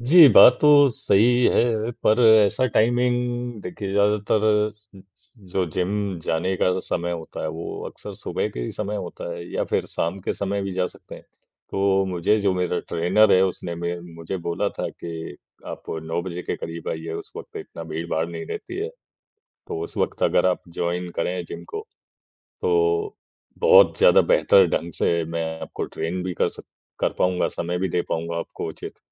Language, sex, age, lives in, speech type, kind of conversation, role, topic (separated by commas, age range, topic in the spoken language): Hindi, male, 40-44, India, conversation, advice, user, जिम में आत्मविश्वास की कमी और शर्म महसूस होना